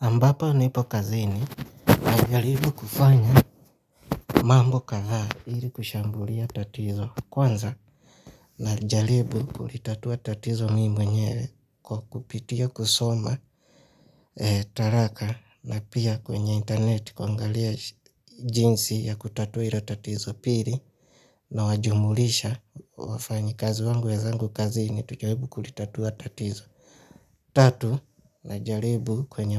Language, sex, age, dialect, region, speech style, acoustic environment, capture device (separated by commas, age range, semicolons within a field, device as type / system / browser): Swahili, male, 25-34, Kenya - Mombasa, Kenya, relaxed, nature; silence, mobile / Linux / Chrome